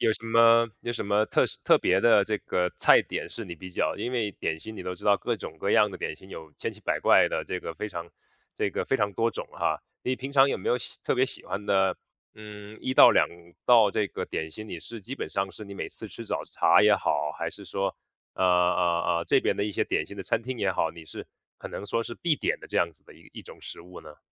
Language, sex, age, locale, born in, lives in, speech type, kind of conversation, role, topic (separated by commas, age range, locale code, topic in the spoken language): Chinese, male, 30-34, zh-CN, China, United States, conversation, podcast, host, 你平常怎么用食物安慰自己？